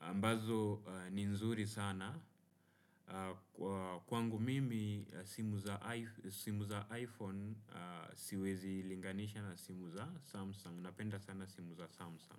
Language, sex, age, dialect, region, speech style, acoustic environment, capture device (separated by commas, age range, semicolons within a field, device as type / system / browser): Swahili, male, 25-34, Kenya - Nairobi Sheng-influenced, Kenya, relaxed, nature; appliances; silence, desktop / Windows / Chrome